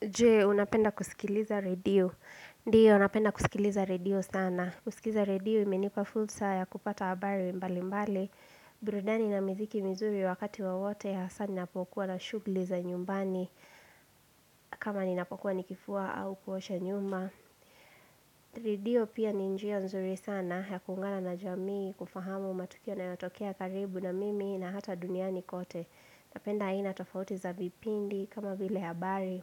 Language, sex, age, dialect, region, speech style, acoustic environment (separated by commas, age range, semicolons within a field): Swahili, female, 18-24, Kenya - Nairobi Sheng-influenced, Kenya, relaxed, silence